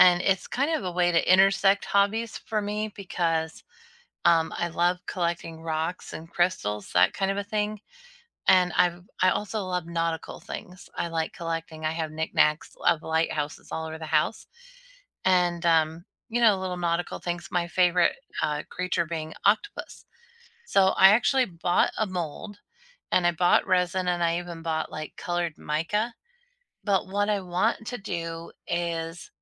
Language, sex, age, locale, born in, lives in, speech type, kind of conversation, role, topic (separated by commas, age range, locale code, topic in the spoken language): English, female, 40-44, en-US, United States, United States, conversation, unstructured, none, What hobby are you exploring lately, and what inspired you to start it?